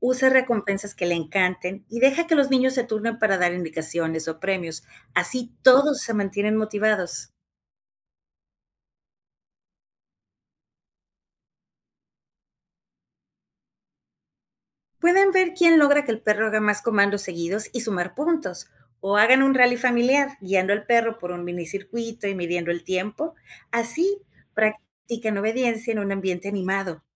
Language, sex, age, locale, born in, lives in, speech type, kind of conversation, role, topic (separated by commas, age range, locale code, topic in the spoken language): Spanish, female, 45-49, es-MX, Mexico, Mexico, read, expert-assistant, expert, ¿Cómo podríamos reinventar el entrenamiento de perros para hacerlo más divertido tanto para las mascotas como para sus dueños?